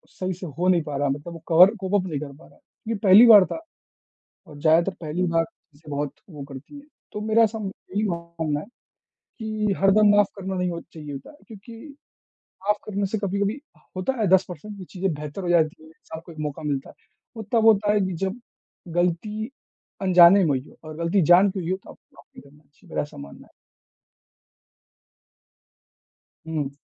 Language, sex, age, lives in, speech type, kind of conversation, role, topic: Hindi, male, 20-24, India, conversation, unstructured, none, क्या माफ़ करना हमेशा सही होता है?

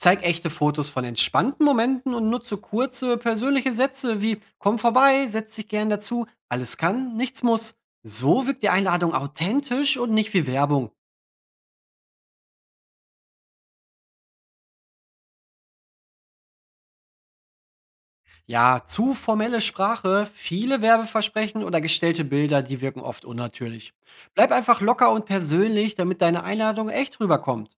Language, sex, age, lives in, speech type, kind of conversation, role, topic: German, male, 30-34, Germany, read, expert-assistant, expert, Wie plant man Öffentlichkeitsarbeit, damit Menschen sich wirklich angesprochen fühlen?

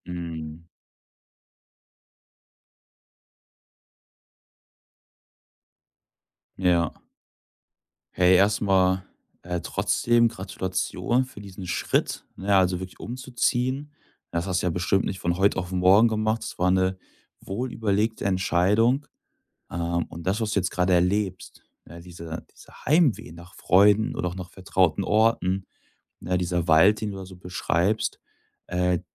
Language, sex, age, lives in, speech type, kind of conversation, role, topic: German, male, 25-29, Germany, conversation, advice, advisor, Wie gehst du mit Heimweh nach Freunden und vertrauten Orten um?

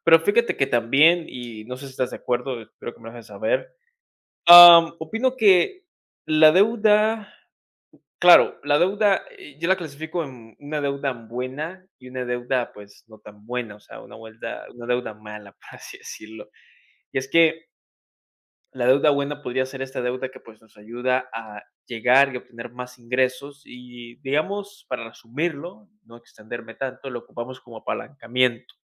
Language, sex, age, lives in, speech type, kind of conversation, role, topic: Spanish, male, 20-24, United States, conversation, unstructured, none, ¿Crees que hoy en día es fácil vivir sin deudas?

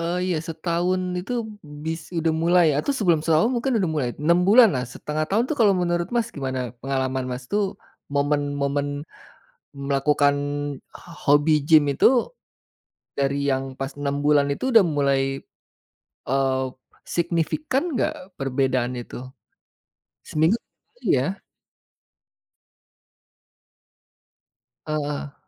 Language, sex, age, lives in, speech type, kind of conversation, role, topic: Indonesian, male, 40-44, Indonesia, conversation, podcast, host, Apakah kamu punya momen paling berkesan saat sedang asyik menjalani hobimu?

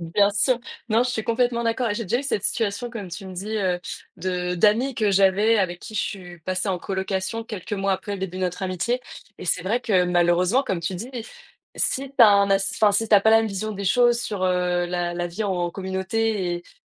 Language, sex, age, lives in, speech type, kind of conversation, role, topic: French, female, 25-29, France, conversation, unstructured, none, Pourquoi les amis peuvent-ils influencer notre comportement ?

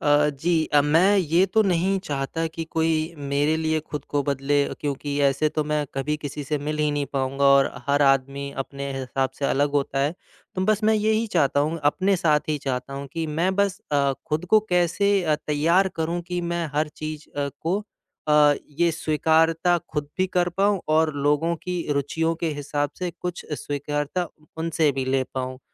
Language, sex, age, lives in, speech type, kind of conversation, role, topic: Hindi, male, 25-29, India, conversation, advice, user, मैं सामाजिक समूहों में अपने असली रूप को कैसे स्वाभाविक रूप से स्वीकार्य बना सकता/सकती हूँ?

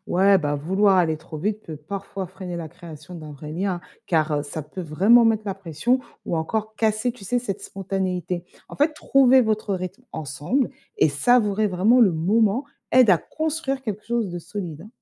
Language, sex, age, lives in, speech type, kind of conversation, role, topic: French, female, 35-39, France, read, expert-assistant, expert, Est-il plus bénéfique de privilégier la qualité ou la quantité de ses relations sociales, et pourquoi ?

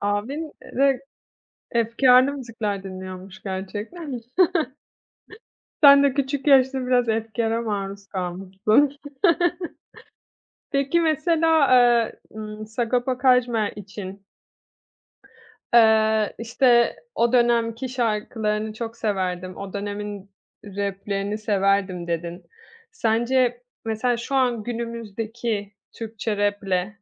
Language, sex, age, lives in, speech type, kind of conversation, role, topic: Turkish, female, 30-34, Italy, conversation, podcast, host, İlk sevdiğin sanatçıyı nasıl keşfettin?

